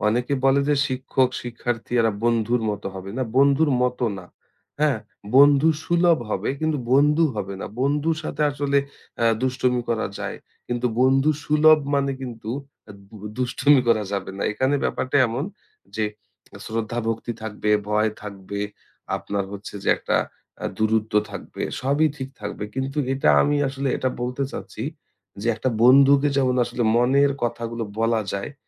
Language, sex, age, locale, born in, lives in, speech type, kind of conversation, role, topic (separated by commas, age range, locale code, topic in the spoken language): Bengali, male, 30-34, bn-BD, Bangladesh, Bangladesh, conversation, podcast, guest, একজন মেন্টরের সবচেয়ে জরুরি গুণ কী?